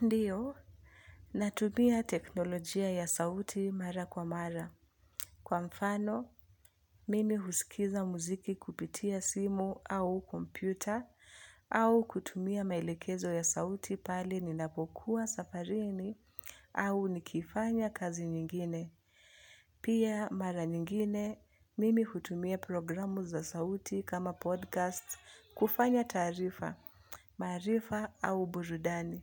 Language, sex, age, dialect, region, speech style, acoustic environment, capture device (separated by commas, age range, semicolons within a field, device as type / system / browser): Swahili, female, 25-34, Kenya - Nairobi Sheng-influenced, Kenya, relaxed; focused, music; nature, mobile / Linux / Chrome